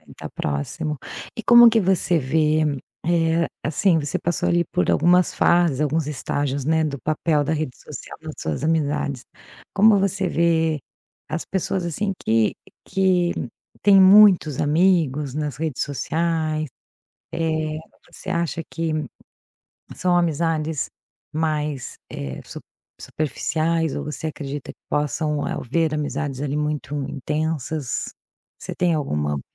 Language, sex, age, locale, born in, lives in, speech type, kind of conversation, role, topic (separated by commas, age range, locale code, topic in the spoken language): Portuguese, female, 45-49, pt-BR, Brazil, Portugal, conversation, podcast, host, Como você vê o papel das redes sociais nas amizades?